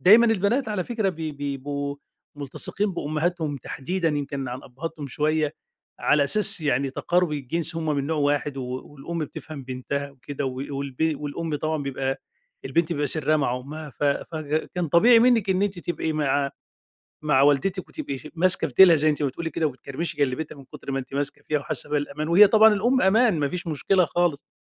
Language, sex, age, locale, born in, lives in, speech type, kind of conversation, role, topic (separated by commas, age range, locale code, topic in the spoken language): Arabic, male, 50-54, ar-EG, Egypt, Egypt, conversation, advice, advisor, إزاي أتعامل مع الخوف والتوتر لما أقابل ناس جديدة أو أروح مناسبات اجتماعية؟